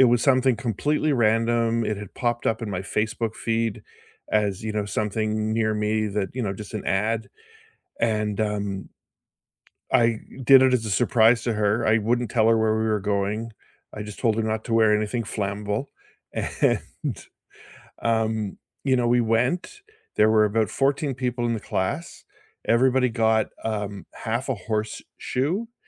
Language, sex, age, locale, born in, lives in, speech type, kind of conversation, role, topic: English, male, 55-59, en-CA, Canada, United States, conversation, unstructured, none, When was the last time you tried something completely new, and what did it teach you?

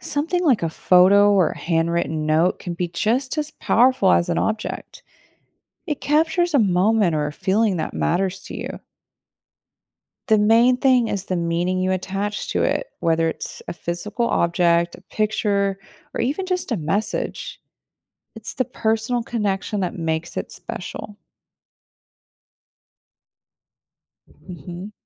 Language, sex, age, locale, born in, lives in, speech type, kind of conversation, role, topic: English, female, 35-39, en-US, United States, United States, read, expert-assistant, expert, Why do people collect things like stamps?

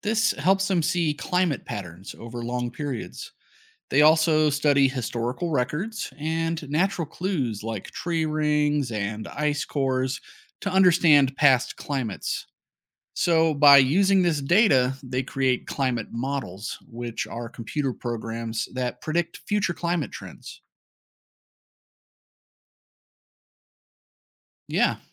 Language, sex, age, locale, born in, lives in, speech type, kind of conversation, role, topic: English, male, 35-39, en-US, United States, United States, read, expert-assistant, expert, What is the difference between weather and climate?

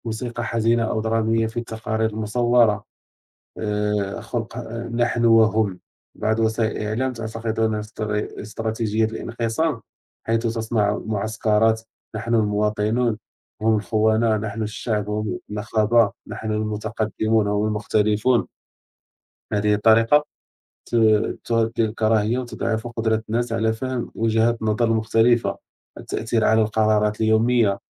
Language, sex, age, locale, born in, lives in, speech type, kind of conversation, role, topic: Arabic, male, 30-34, ar-MA, Morocco, France, conversation, unstructured, none, هل بتحس إن في تحيّز في تغطية الأخبار؟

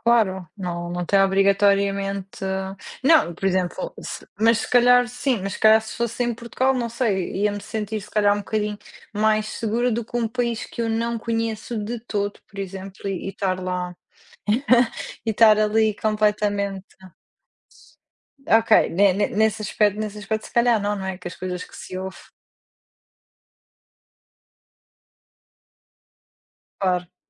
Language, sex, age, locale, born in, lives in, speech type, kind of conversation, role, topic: Portuguese, female, 30-34, pt-PT, Portugal, Portugal, conversation, unstructured, none, Qual é a melhor lembrança que você trouxe de uma viagem?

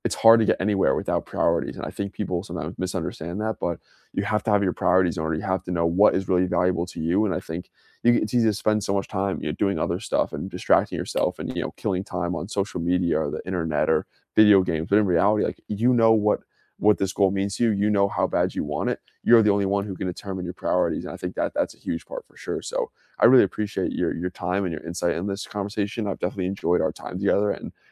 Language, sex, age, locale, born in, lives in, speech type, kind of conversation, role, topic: English, male, 20-24, en-US, United States, United States, conversation, unstructured, none, What do you hope to achieve in the next five years?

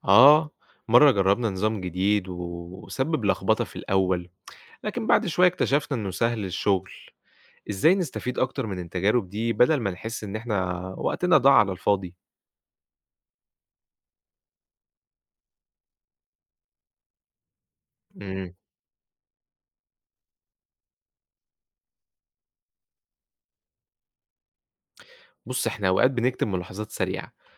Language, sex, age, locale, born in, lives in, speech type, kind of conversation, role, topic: Arabic, male, 25-29, ar-EG, Egypt, Egypt, read, expert-assistant, asker, إزاي ممكن نستخدم أفكار من مجالات تانية ونطبّقها في البزنس بتاعنا؟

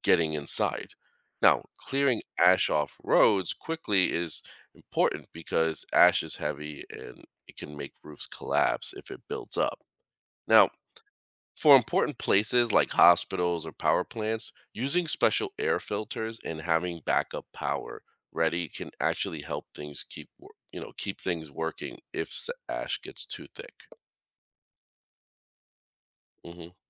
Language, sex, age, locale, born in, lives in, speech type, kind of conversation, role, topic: English, male, 40-44, en-US, United States, United States, read, expert-assistant, expert, How do volcanoes erupt?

